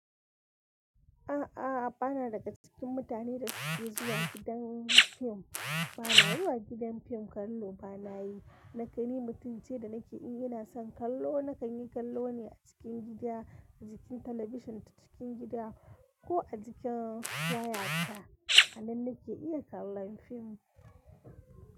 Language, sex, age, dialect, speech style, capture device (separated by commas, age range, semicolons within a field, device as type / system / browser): Hausa, female, 25-34, Nigeria - Kano, happy, mobile / Linux / Chrome